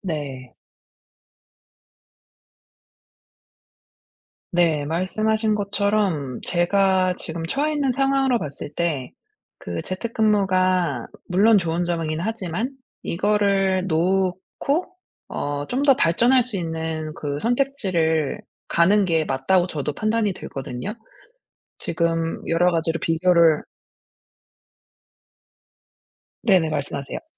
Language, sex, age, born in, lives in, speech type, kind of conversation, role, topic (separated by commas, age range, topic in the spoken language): Korean, female, 40-44, South Korea, United States, conversation, advice, user, 새로운 직장 제안들 사이에서 조건을 비교해 협상까지 고려할 때 어떻게 선택하면 좋을까요?